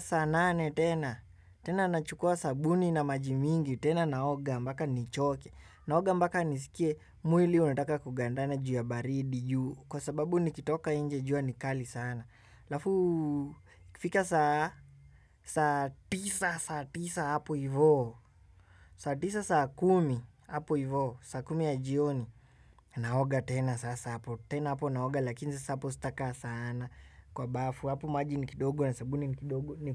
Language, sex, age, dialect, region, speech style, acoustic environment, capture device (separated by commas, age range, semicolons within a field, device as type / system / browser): Swahili, male, 18-24, Kenya - Nairobi Sheng-influenced, Kenya, excited; focused, appliances; music, desktop / Windows / Chrome